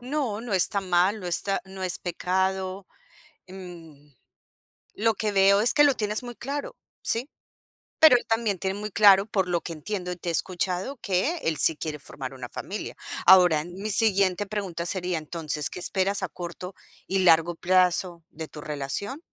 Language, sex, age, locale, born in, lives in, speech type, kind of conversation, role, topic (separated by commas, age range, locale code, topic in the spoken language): Spanish, female, 45-49, es-CO, Colombia, United States, conversation, advice, advisor, ¿Cómo puedo manejar mi miedo al compromiso y dejar de evitar definir la relación?